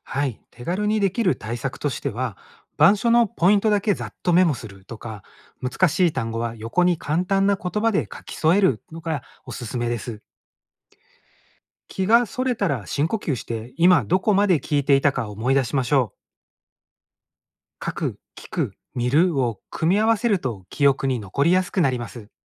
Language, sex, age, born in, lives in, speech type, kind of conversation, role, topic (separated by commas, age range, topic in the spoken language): Japanese, male, 45-49, Japan, Japan, read, expert-assistant, expert, 「脳と心の関係について調べるとき、どんな情報を信じてよいか見極めるコツはありますか？」